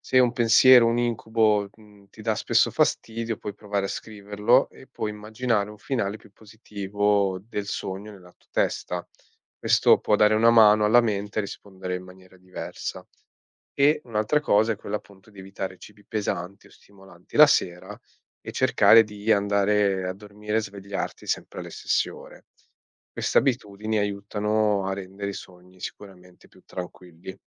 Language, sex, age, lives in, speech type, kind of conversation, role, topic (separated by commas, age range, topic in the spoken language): Italian, male, 25-29, Italy, read, expert-assistant, expert, Come funzionano i sogni nel cervello umano